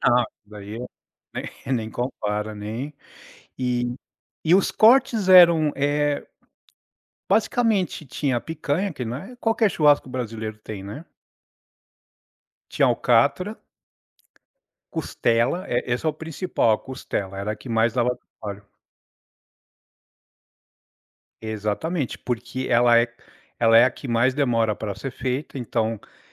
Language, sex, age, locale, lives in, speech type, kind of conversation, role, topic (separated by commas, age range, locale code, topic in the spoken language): Portuguese, male, 40-44, pt-BR, United States, conversation, podcast, guest, Que tradição familiar você guarda com carinho?